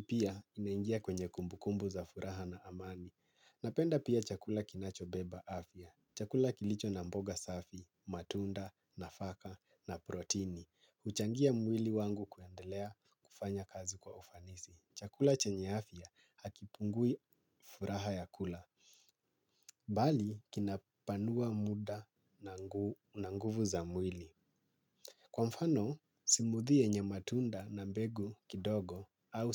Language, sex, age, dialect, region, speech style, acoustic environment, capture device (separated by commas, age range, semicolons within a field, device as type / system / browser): Swahili, male, 25-34, Kenya - Nairobi Sheng-influenced, Kenya, relaxed, nature; silence; appliances, mobile / Linux / Chrome